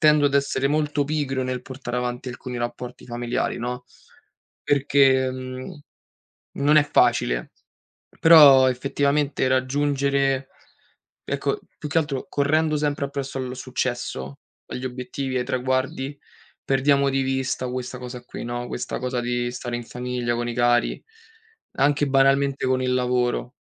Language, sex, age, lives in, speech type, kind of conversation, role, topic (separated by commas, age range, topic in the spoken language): Italian, male, 25-29, Italy, conversation, unstructured, none, Come definisci il successo per te stesso?